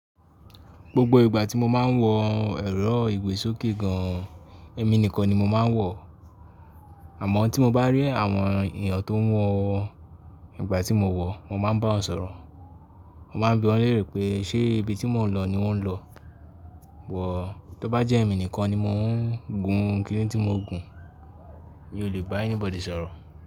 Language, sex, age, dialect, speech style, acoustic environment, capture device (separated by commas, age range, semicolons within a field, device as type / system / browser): Yoruba, male, 18-24, Nigeria - Ibadan, focused, silence, mobile / Linux / Chrome